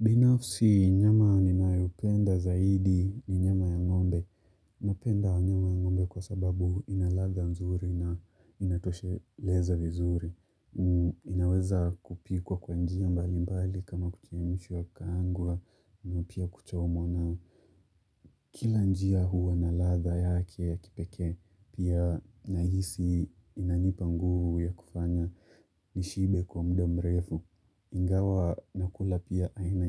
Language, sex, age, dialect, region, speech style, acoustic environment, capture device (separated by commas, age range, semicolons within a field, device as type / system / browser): Swahili, male, 25-34, Kenya - Mombasa, Kenya, relaxed, silence, mobile / Linux / Chrome